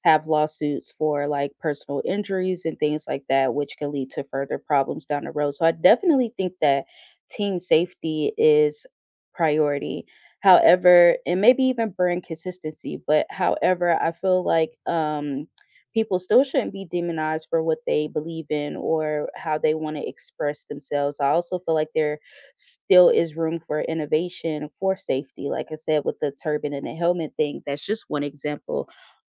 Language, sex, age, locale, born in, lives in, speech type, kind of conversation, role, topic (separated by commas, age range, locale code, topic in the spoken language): English, female, 30-34, en-US, United States, United States, conversation, unstructured, none, Should workplaces be required to accommodate employees' religious dress and practices even when they conflict with uniform policies?